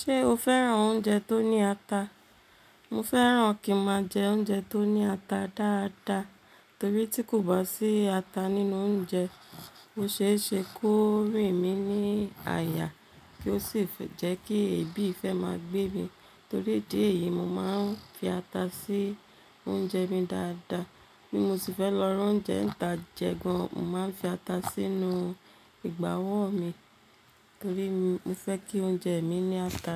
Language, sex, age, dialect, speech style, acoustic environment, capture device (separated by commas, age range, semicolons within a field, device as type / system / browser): Yoruba, female, 35-44, Nigeria - Lagos Yoruba, relaxed, silence, mobile / Linux / Chrome